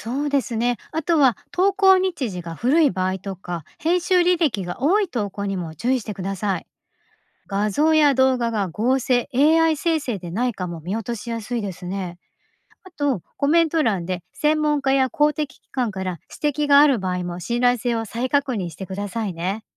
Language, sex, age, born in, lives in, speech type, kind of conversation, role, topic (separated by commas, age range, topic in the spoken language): Japanese, female, 50-54, Japan, Japan, read, expert-assistant, expert, SNSで広まる健康法の情報、信用できるかどうやって見分けますか？